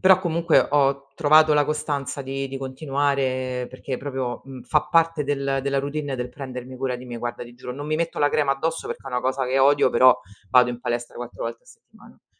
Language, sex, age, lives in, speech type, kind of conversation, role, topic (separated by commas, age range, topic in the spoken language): Italian, female, 35-39, Italy, conversation, podcast, guest, Quando hai imparato a prenderti cura di te e com'è successo?